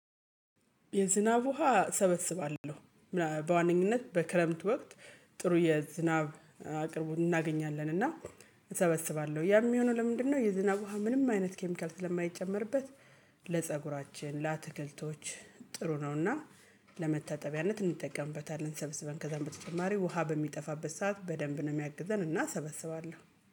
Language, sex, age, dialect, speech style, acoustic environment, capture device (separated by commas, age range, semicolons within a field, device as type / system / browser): Amharic, female, 35-44, Ethiopia - Gojjam, happy, silence, mobile / Linux / Chrome